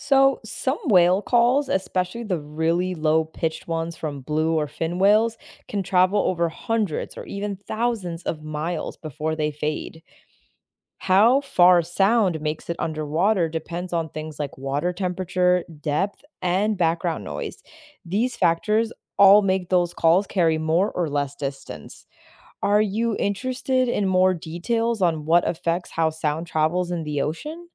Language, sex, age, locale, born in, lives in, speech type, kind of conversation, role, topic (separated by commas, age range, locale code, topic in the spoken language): English, female, 25-29, en-US, United States, United States, read, expert-assistant, expert, How do whales communicate with each other across long distances?